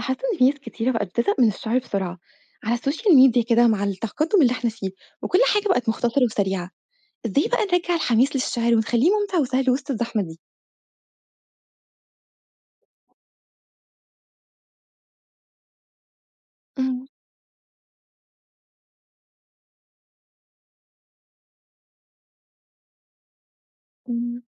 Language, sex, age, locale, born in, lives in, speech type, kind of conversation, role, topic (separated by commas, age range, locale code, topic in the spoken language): Arabic, female, 25-29, ar-EG, Egypt, Egypt, read, expert-assistant, asker, إيه الطرق الفعّالة اللي تخلّي الناس تحب الشعر وتفهمه بسهولة في زمن السوشيال ميديا؟